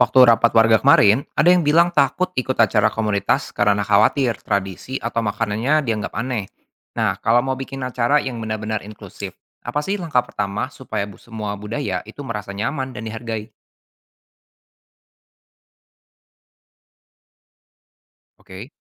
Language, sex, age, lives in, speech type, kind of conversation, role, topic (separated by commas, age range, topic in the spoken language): Indonesian, male, 25-29, Indonesia, read, expert-assistant, asker, Bagaimana cara merencanakan acara komunitas agar semua orang dengan latar belakang budaya yang berbeda bisa merasa nyaman dan dihargai?